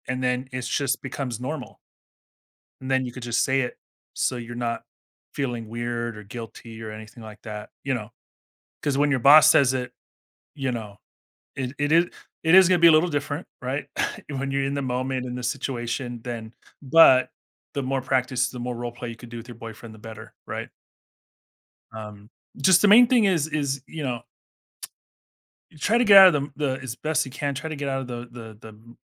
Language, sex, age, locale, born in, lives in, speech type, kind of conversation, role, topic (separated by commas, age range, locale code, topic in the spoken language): English, male, 40-44, en-US, United States, United States, conversation, advice, advisor, How can I say no without feeling guilty?